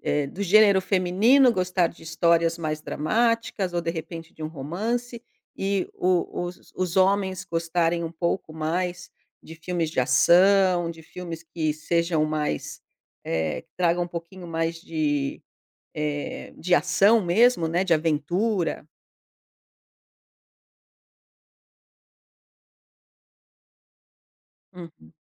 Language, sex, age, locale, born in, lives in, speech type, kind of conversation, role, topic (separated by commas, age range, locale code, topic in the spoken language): Portuguese, female, 50-54, pt-BR, Brazil, Portugal, conversation, podcast, host, Como você escolhe filmes ou séries para assistir?